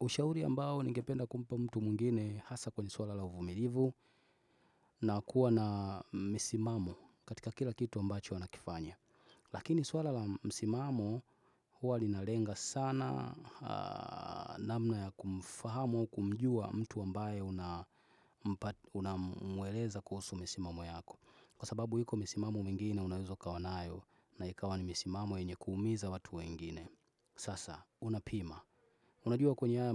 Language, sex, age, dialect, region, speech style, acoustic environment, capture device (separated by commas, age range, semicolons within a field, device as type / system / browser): Swahili, male, 25-34, Tanzania - Dar es Salaam, Tanzania, focused, people talking, desktop / Windows / Chrome